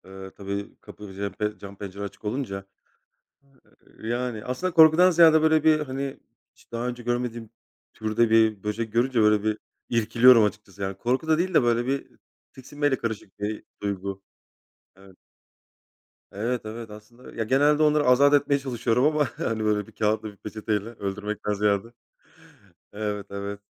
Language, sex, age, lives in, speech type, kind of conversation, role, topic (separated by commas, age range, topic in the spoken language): Turkish, male, 35-39, Poland, conversation, unstructured, none, Evde böcek ya da haşere görürsen ne yaparsın?